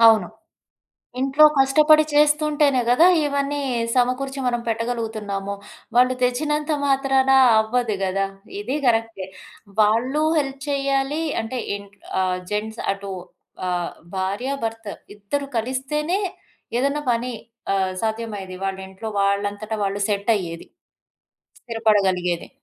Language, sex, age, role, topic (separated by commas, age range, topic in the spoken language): Telugu, female, 35-39, host, పని కంటే కుటుంబానికి ప్రాధాన్యం ఇవ్వాల్సి వచ్చినప్పుడు మీరు ఎలా నిర్ణయం తీసుకుంటారు?